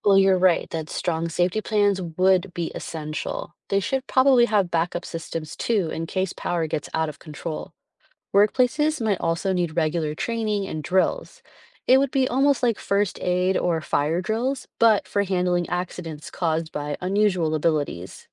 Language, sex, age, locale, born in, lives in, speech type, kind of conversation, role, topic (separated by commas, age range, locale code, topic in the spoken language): English, female, 35-39, en-US, United States, United States, read, expert-assistant, expert, What would happen if everyone chose a superpower?